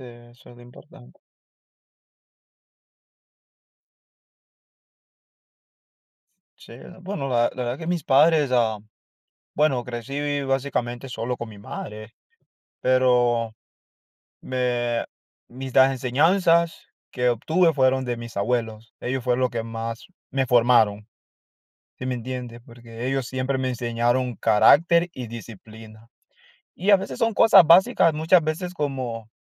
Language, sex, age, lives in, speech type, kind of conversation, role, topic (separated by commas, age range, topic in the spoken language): Spanish, male, 25-29, United States, conversation, unstructured, none, ¿Te gustaría compartir un recuerdo feliz de alguien que ya no está?